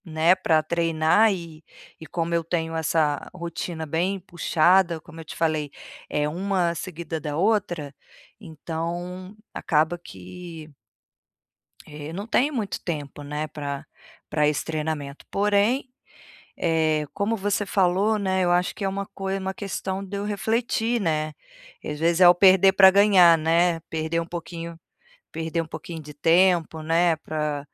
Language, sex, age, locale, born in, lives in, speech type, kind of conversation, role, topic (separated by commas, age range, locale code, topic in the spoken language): Portuguese, female, 45-49, pt-BR, Brazil, Portugal, conversation, advice, user, Como lidar com cansaço e sobrecarga em compromissos sociais?